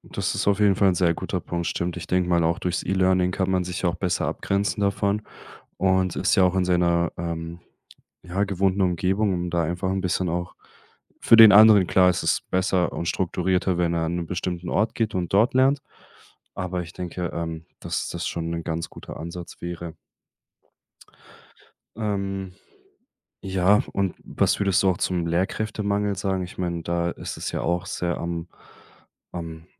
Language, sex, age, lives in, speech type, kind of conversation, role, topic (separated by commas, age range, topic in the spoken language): German, male, 25-29, Germany, conversation, podcast, host, Wie kann Schule soziale Ungleichheit ausgleichen?